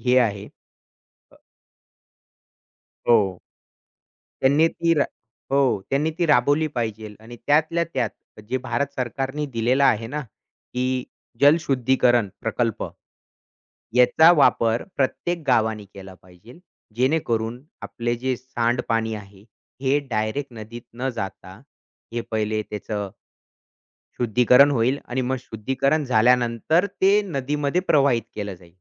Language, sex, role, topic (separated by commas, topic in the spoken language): Marathi, male, guest, नदी स्वच्छ ठेवण्यासाठी एक सामान्य नागरिक काय करू शकतो?